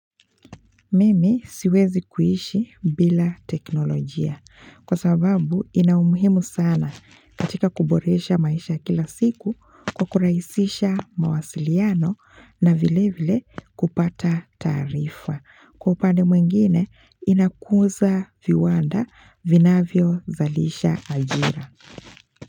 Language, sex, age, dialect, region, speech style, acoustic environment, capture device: Swahili, female, 25-34, Kenya - Mombasa, Kenya, focused, silence, mobile / Linux / Chrome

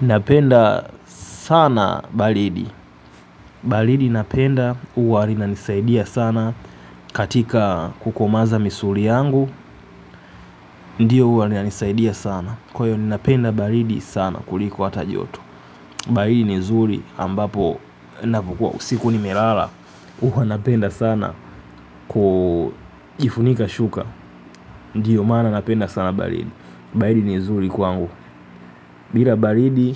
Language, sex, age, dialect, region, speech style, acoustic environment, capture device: Swahili, male, 25-34, Tanzania - Dar es Salaam, Tanzania, happy, silence, mobile / Linux / Chrome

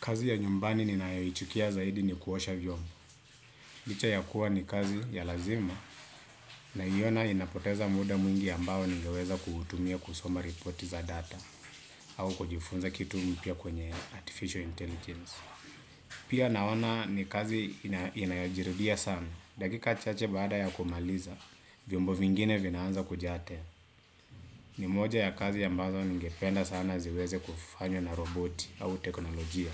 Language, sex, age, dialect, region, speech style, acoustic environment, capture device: Swahili, male, 25-34, Kenya - Nairobi Sheng-influenced, Kenya, relaxed, appliances, desktop / Windows / Chrome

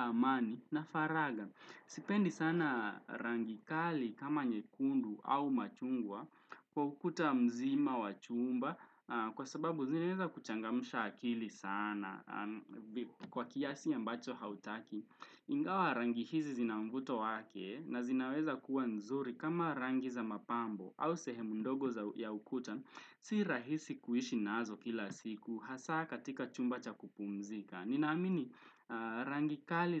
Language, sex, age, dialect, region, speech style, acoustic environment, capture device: Swahili, male, 18-24, Kenya - Nairobi Sheng-influenced, Kenya, relaxed, traffic, desktop / Windows / Chrome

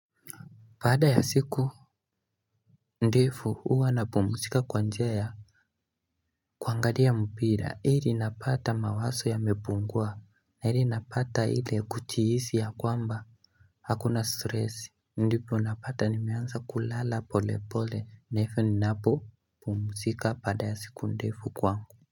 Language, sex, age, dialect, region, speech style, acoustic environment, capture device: Swahili, male, 18-24, Kenya - Nairobi Sheng-influenced, Kenya, focused, silence, mobile / Linux / Chrome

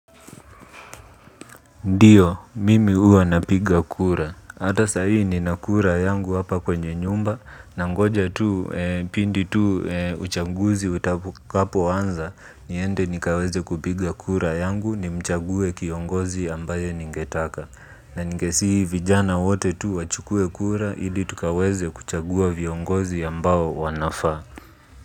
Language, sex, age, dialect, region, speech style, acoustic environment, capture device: Swahili, male, 25-34, Kenya - Nairobi Sheng-influenced, Kenya, relaxed, appliances, mobile / Linux / Chrome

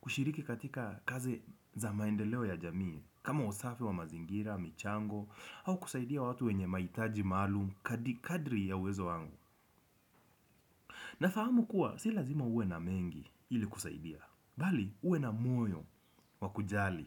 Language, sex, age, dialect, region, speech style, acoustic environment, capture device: Swahili, male, 25-34, Kenya - Nairobi Sheng-influenced, Kenya, relaxed; focused; happy, people talking; music; nature; construction; traffic; appliances, desktop / Windows / Chrome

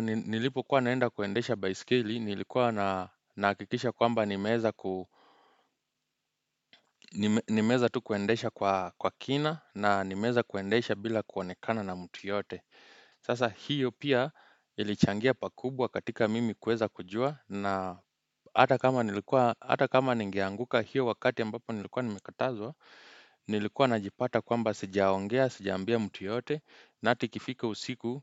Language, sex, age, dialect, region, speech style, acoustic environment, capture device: Swahili, male, 25-34, Kenya - Nairobi Sheng-influenced, Kenya, happy, silence, desktop / Windows / Chrome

